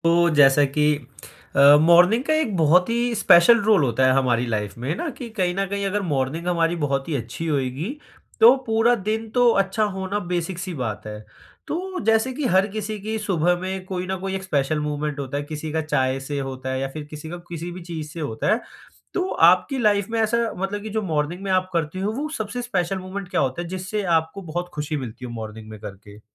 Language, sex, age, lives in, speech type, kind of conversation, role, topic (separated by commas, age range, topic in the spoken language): Hindi, male, 20-24, India, conversation, podcast, host, Subah ki chai aapko kaunsi chhoti khushi deti hai?